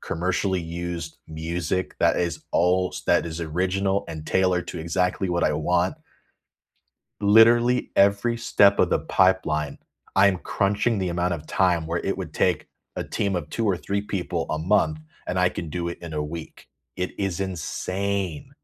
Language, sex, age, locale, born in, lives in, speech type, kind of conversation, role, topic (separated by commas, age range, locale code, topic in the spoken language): English, male, 30-34, en-US, United States, United States, conversation, unstructured, none, What is the last thing you learned that made your life easier?